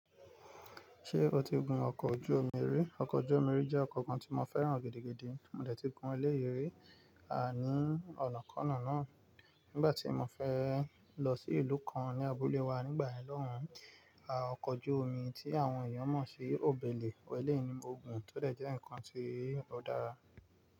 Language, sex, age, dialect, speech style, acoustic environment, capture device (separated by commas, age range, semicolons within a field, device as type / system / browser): Yoruba, male, 25-34, Nigeria - Nigerian Standard, focused, nature, mobile / Linux / Chrome